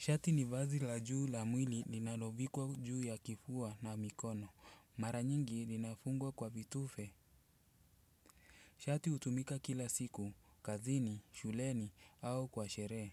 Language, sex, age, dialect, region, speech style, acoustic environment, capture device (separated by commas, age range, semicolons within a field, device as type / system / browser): Swahili, male, 35-44, Kenya - Mombasa, Kenya, relaxed, nature, desktop / Windows / Chrome